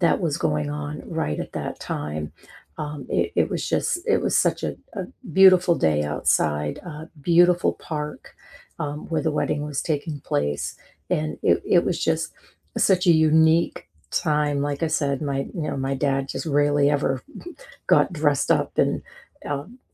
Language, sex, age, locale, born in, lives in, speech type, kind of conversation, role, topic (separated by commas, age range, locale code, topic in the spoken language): English, female, 55-59, en-US, United States, United States, conversation, unstructured, none, What’s the story behind your favorite photo on your phone?